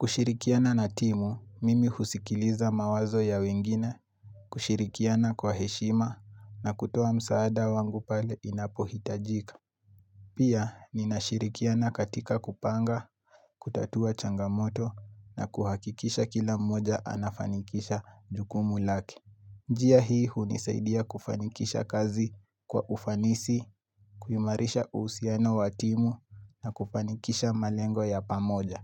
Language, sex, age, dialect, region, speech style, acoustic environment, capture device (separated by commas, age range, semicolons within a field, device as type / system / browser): Swahili, male, 18-24, Kenya - Nairobi Sheng-influenced, Kenya, happy, nature, mobile / Linux / Chrome